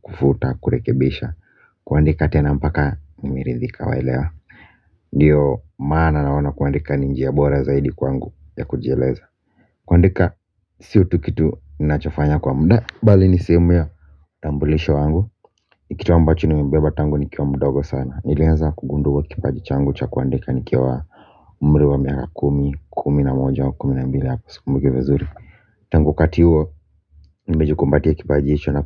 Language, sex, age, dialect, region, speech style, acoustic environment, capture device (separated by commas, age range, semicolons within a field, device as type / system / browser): Swahili, male, 25-34, Kenya - Nairobi Sheng-influenced, Kenya, relaxed, music; construction; silence, mobile / Linux / Chrome